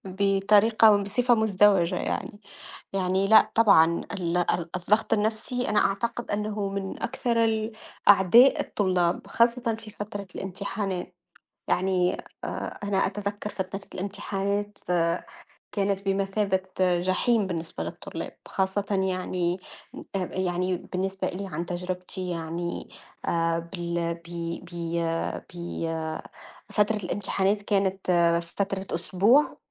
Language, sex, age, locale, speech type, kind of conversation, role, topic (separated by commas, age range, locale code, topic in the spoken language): Arabic, female, 30-34, ar-TN, conversation, unstructured, none, هل إنت شايف إن الامتحانات بتعكس مهارات الطالب الحقيقية؟